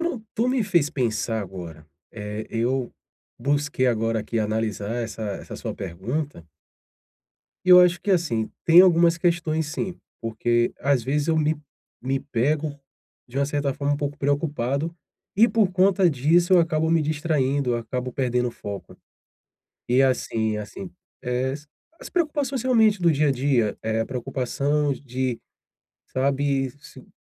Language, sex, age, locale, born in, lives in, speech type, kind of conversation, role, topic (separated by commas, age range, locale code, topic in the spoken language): Portuguese, male, 40-44, pt-BR, Brazil, Portugal, conversation, advice, user, Como posso manter o foco numa tarefa sem me distrair?